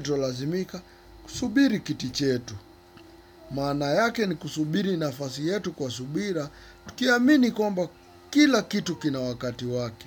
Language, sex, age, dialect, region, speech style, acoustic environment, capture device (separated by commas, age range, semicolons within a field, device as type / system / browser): Swahili, male, 25-34, Kenya - Nairobi Sheng-influenced, Kenya, relaxed, silence, desktop / Windows / Chrome